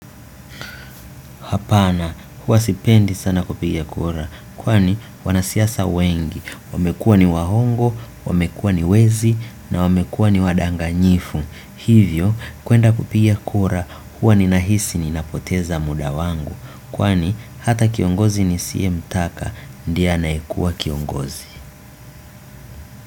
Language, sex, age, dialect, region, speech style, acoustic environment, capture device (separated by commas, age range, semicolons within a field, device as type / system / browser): Swahili, male, 25-34, Tanzania - Dar es Salaam, Tanzania, relaxed, silence; nature, desktop / Windows / Chrome